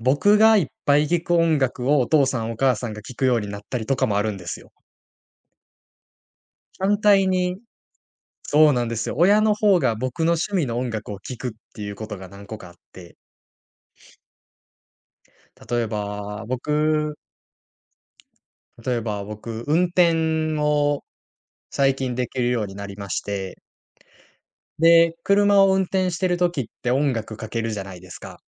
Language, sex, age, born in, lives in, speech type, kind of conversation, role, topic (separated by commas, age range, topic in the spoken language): Japanese, male, 20-24, Japan, Japan, conversation, podcast, guest, ご家族の音楽は、あなたの好みや生き方にどんな影響を与えましたか？